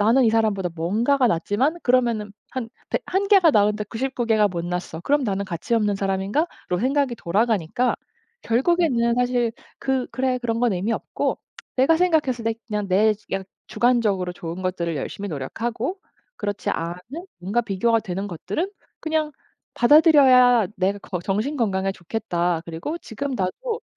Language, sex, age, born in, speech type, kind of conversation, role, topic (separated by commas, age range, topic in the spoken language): Korean, female, 35-39, South Korea, conversation, podcast, guest, 타인과 비교하지 않으려면 어떻게 해야 하나요?